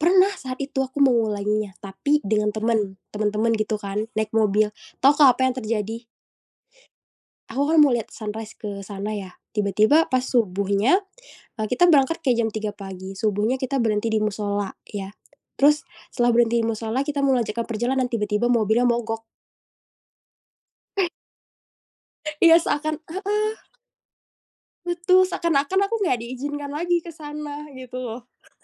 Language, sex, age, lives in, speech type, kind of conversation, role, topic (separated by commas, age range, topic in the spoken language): Indonesian, female, 25-29, Indonesia, conversation, podcast, guest, Apa pengalaman perjalanan paling tak terlupakan yang pernah kamu alami?